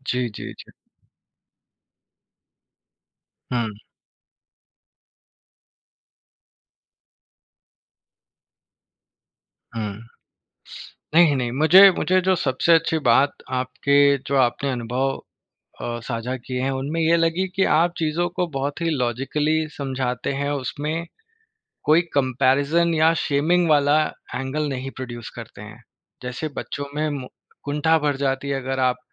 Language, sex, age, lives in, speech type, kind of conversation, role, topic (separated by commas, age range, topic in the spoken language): Hindi, male, 30-34, India, conversation, podcast, host, Bachchon ko traditions sikhaane ka aapka ya aapki community ka tareeqa kya hai?